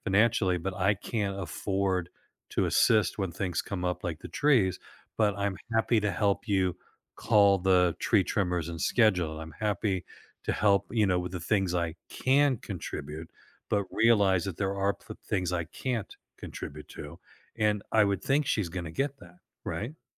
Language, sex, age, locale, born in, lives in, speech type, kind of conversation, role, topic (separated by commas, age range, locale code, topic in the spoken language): English, male, 65-69, en-US, United States, United States, conversation, advice, advisor, How do I cope with grief after losing someone close?